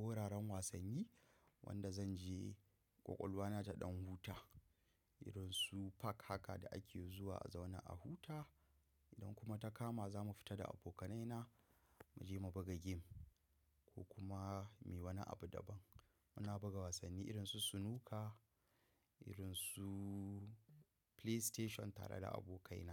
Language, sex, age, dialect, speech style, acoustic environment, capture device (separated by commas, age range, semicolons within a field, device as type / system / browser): Hausa, male, 18-24, Nigeria - Kano, happy, silence, mobile / macOS / Safari